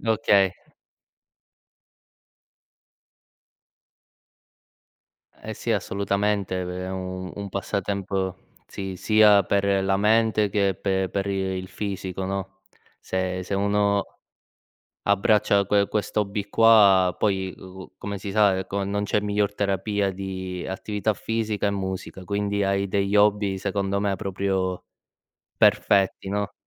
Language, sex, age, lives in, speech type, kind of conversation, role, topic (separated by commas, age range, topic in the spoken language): Italian, male, 25-29, Italy, conversation, unstructured, none, Qual è il tuo hobby preferito per rilassarti dopo una giornata stressante?